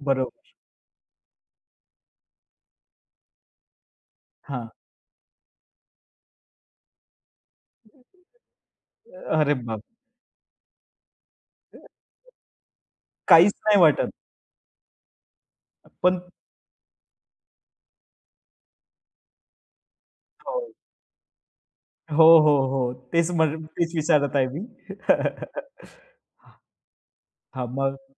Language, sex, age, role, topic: Marathi, male, 25-29, host, पारंपरिक सण एकत्र साजरे करताना तुला कसं वाटतं?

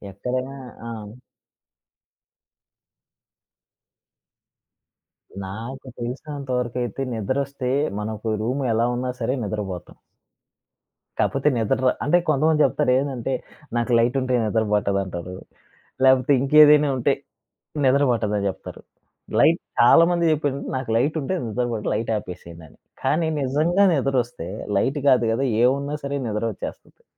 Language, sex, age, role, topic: Telugu, male, 25-29, guest, పగటిపూట కాసేపు నిద్రపోవడం మంచిదా, అయితే ఎంతసేపు నిద్రపోవాలి?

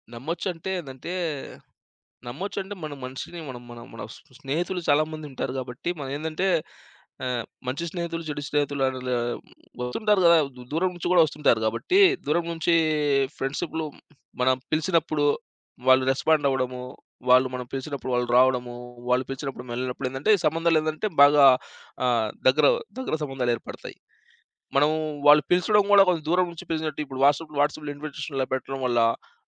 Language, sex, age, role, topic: Telugu, male, 30-34, guest, సోషల్ మీడియా ద్వారా ఏర్పడే సంబంధాలు నిజంగా బలంగా ఉంటాయని మీకు అనిపిస్తుందా?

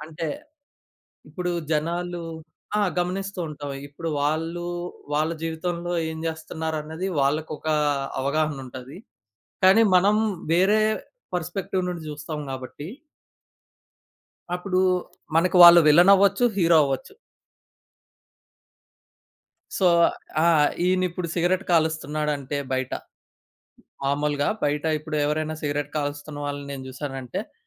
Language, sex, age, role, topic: Telugu, male, 20-24, guest, సాధారణ వ్యక్తి సృజనాత్మకతను పెంచుకోవడానికి మీరు ఏ చిట్కాలు సూచిస్తారు?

